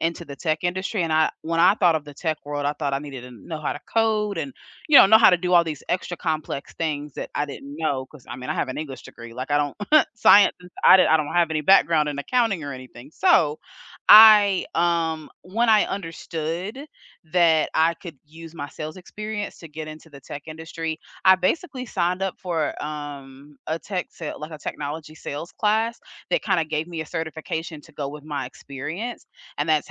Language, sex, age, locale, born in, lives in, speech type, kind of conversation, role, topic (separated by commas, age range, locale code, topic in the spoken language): English, female, 30-34, en-US, United States, United States, conversation, unstructured, none, What career turning points most shaped you, and how did they change your path?